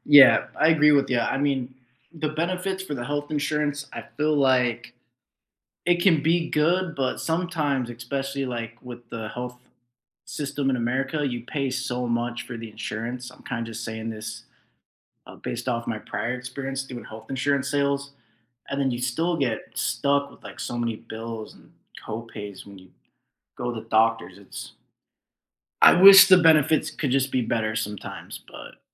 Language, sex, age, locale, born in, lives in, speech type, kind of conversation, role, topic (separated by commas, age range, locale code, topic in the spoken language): English, male, 20-24, en-US, United States, United States, conversation, unstructured, none, How do you balance pay, prices, and what feels fair at work?